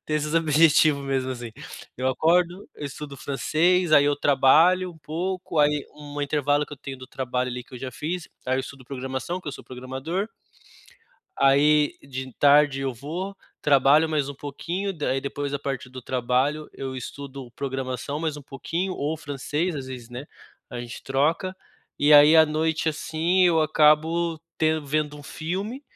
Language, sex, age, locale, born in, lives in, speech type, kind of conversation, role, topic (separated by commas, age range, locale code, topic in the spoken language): Portuguese, male, 30-34, pt-BR, Brazil, Germany, conversation, advice, user, Como posso relaxar sem sentir que preciso ser produtivo?